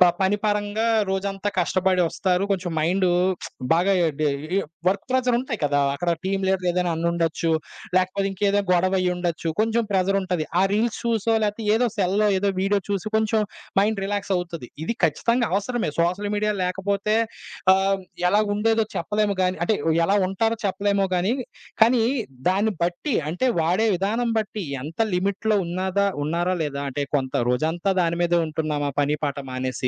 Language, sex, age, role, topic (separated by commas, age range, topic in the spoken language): Telugu, male, 25-29, guest, సోషల్ మీడియా నీ రోజువారీ జీవితం ఎలా మార్చింది?